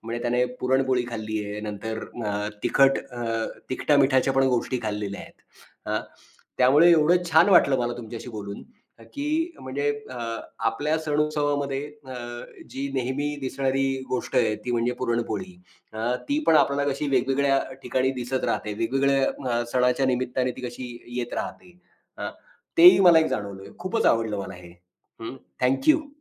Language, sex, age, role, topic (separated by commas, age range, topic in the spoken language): Marathi, male, 40-44, host, सण-उत्सवात तुमच्या घरात नेहमी कोणते पदार्थ बनतात?